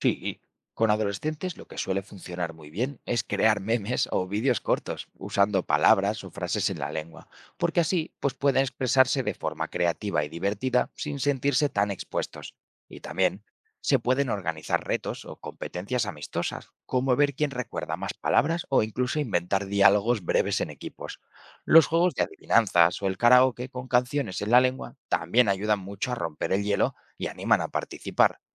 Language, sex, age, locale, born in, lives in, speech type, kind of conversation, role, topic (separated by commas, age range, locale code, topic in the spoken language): Spanish, male, 25-29, es-ES, Spain, Spain, read, expert-assistant, expert, ¿Cómo podemos motivar a niños y jóvenes a revitalizar las lenguas familiares?